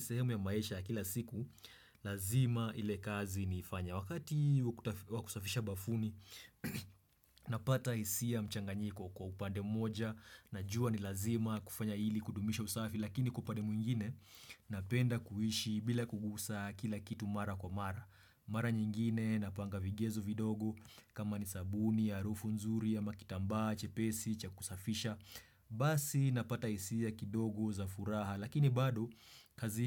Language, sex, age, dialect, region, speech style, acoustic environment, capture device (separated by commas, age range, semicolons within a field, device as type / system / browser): Swahili, male, 25-34, Kenya - Nairobi Sheng-influenced, Kenya, happy; excited; focused; relaxed, silence, desktop / Windows / Chrome